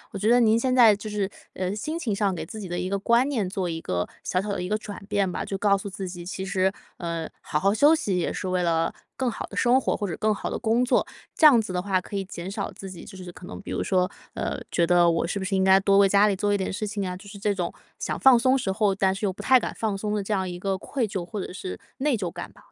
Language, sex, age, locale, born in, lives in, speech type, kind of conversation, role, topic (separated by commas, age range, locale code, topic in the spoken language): Chinese, female, 25-29, zh-CN, China, United States, conversation, advice, advisor, 如何放松并享受闲暇时间？